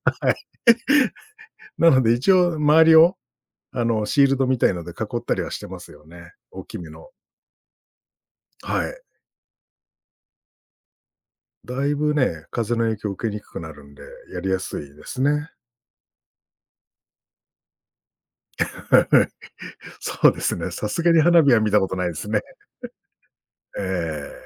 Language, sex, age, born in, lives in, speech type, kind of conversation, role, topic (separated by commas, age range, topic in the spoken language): Japanese, male, 45-49, Japan, Japan, conversation, podcast, guest, キャンプ場で大切にしているマナーは何ですか？